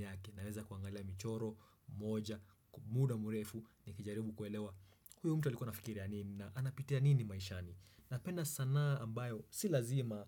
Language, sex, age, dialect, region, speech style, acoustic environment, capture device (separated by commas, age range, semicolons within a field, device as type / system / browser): Swahili, male, 25-34, Kenya - Nairobi Sheng-influenced, Kenya, happy; relaxed; excited; focused, silence; nature, desktop / Windows / Chrome